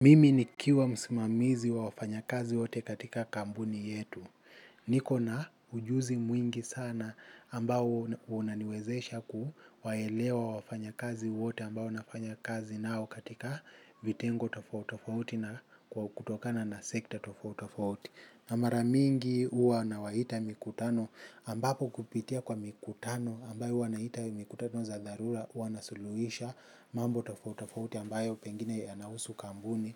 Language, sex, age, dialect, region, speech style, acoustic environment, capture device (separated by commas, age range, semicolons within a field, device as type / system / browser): Swahili, male, 25-34, Kenya - Mombasa, Kenya, happy; focused, people talking; traffic; music; construction; appliances, mobile / Linux / Chrome